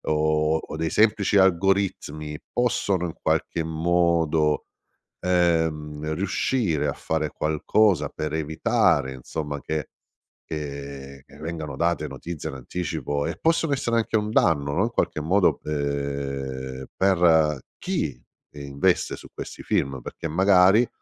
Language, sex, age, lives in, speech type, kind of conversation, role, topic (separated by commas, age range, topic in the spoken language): Italian, male, 50-54, Italy, conversation, podcast, host, Come ti rapporti davvero agli spoiler sui social?